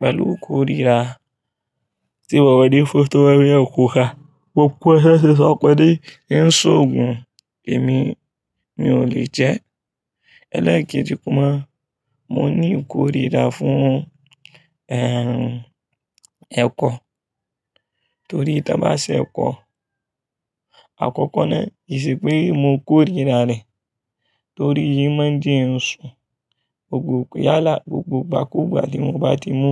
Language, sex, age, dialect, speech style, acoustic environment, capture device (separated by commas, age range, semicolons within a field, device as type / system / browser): Yoruba, male, 25-34, Nigeria - Kano, focused, people talking; traffic; appliances, mobile / Linux / Chrome